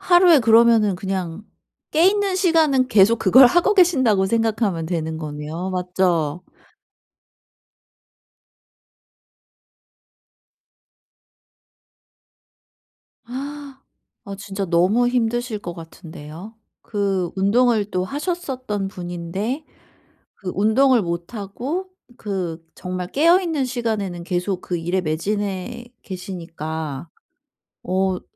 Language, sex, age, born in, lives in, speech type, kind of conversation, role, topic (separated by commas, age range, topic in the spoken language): Korean, female, 40-44, South Korea, South Korea, conversation, advice, advisor, 짧은 쉬는 시간에 간단히 할 수 있는 운동은 무엇인가요?